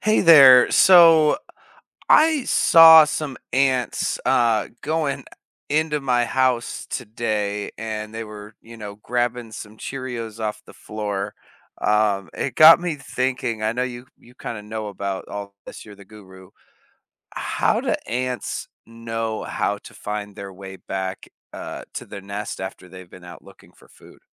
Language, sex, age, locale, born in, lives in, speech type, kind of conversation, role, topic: English, male, 30-34, en-US, United States, United States, read, expert-assistant, asker, How do ants navigate back to their nest?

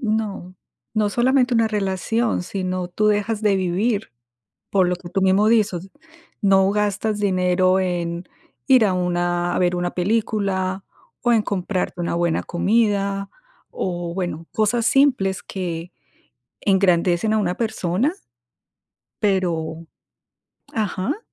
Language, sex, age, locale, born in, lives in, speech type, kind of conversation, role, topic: Spanish, female, 50-54, es-CO, Colombia, United States, conversation, unstructured, none, ¿Cómo decides cuándo gastar o ahorrar dinero?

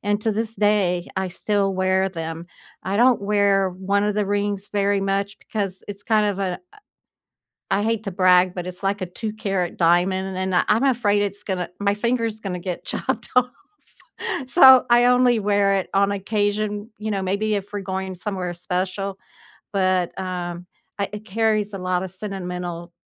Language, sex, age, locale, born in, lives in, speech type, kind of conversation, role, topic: English, female, 70-74, en-US, United States, United States, conversation, unstructured, none, Which keepsake do you treasure most, and what story does it hold for you?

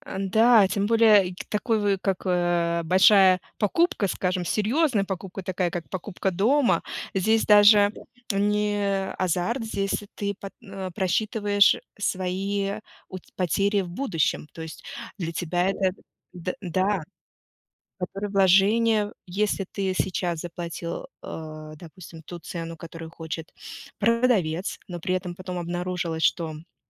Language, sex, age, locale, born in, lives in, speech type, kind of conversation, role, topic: Russian, female, 35-39, ru-RU, Russia, United States, conversation, unstructured, none, Почему важно уметь вести переговоры о цене?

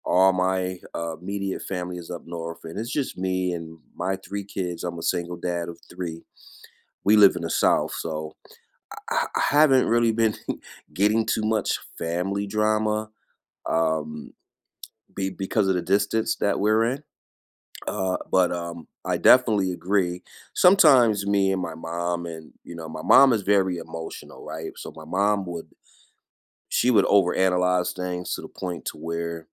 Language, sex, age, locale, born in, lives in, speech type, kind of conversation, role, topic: English, male, 45-49, en-US, United States, United States, conversation, unstructured, none, How do you handle recurring family drama?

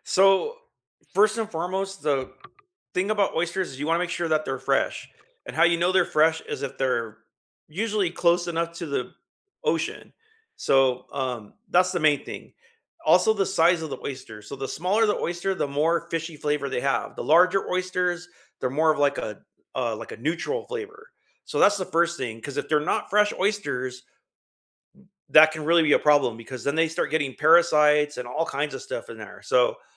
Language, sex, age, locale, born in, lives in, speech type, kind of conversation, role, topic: English, male, 50-54, en-US, United States, United States, conversation, unstructured, none, What was the most memorable meal you’ve ever had, and what made it unforgettable for you?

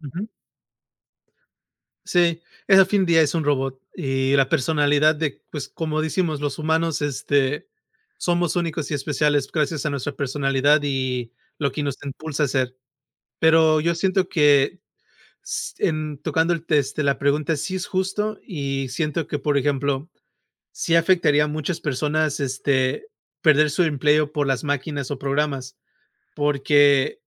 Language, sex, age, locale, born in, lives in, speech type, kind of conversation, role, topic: Spanish, male, 35-39, es-MX, United States, United States, conversation, unstructured, none, ¿Es justo que la tecnología reemplace trabajos humanos?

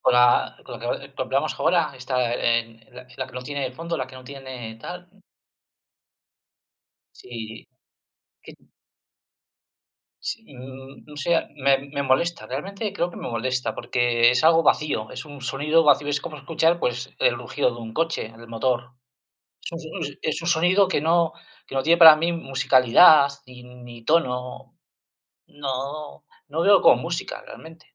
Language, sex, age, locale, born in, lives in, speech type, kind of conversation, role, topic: Spanish, male, 50-54, es-CL, Spain, Spain, conversation, unstructured, none, ¿Qué tipo de música te hace sentir mejor en un día difícil?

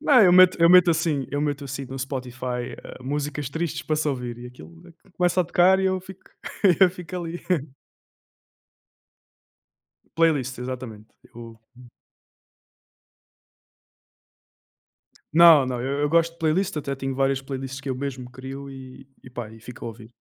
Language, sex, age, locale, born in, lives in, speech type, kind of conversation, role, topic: Portuguese, male, 20-24, pt-PT, Portugal, Portugal, conversation, unstructured, none, Como a música influencia o seu dia a dia?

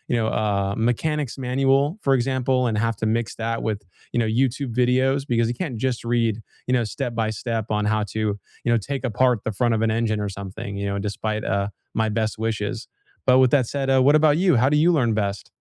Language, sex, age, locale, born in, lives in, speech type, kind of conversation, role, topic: English, male, 20-24, en-US, United States, United States, conversation, unstructured, none, How do you learn best: through videos, reading, or hands-on practice?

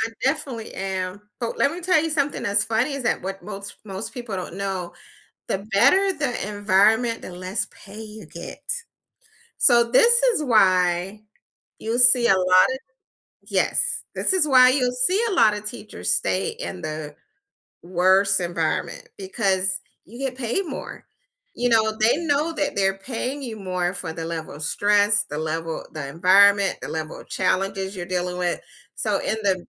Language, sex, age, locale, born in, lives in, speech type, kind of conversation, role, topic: English, female, 50-54, en-US, United States, United States, conversation, unstructured, none, What was the biggest surprise you encountered in your first job?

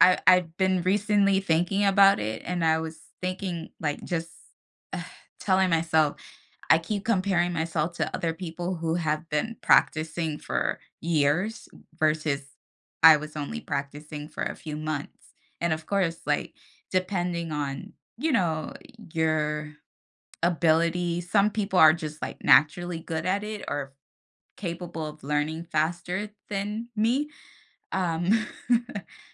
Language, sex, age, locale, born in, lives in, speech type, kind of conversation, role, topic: English, female, 30-34, en-US, United States, United States, conversation, podcast, guest, How has experiencing failure helped you grow or change your perspective?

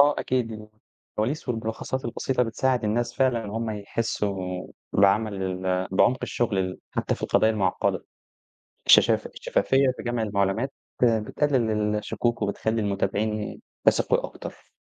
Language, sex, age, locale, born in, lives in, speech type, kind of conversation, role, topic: Arabic, male, 20-24, ar-EG, Egypt, Egypt, read, expert-assistant, expert, إيه الأفكار المبتكرة اللي ممكن تساعد الصحافة في إنها تفضل مصدر موثوق للمعلومات في زمن السوشيال ميديا والإشاعات؟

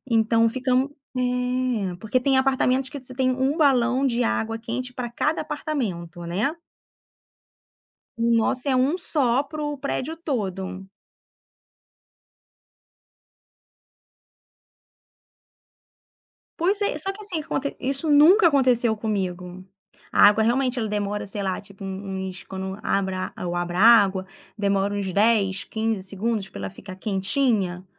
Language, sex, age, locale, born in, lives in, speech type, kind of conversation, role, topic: Portuguese, female, 35-39, pt-BR, Brazil, France, conversation, podcast, guest, Como se constrói confiança entre vizinhos numa comunidade?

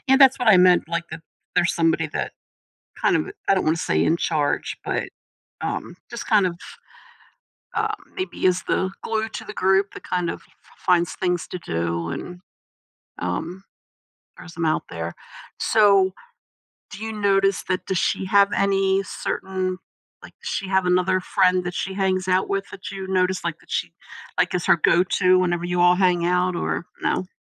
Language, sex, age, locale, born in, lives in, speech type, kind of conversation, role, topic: English, female, 60-64, en-US, United States, United States, conversation, advice, advisor, How can I deepen my friendships and build more meaningful connections?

